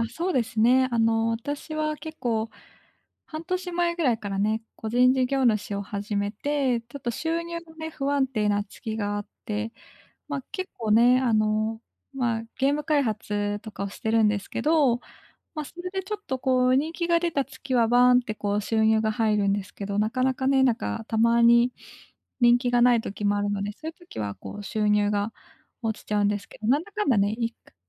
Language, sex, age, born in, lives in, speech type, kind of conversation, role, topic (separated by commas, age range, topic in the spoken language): Japanese, female, 25-29, Japan, Japan, conversation, advice, user, 貯金で将来不安を和らげる